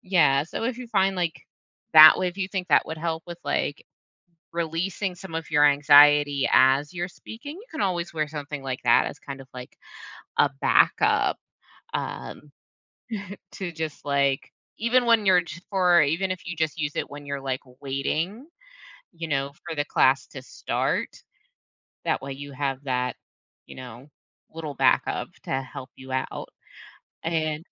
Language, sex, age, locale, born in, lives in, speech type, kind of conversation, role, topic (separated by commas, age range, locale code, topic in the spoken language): English, female, 35-39, en-US, United States, United States, conversation, advice, advisor, How can I build confidence for a speech?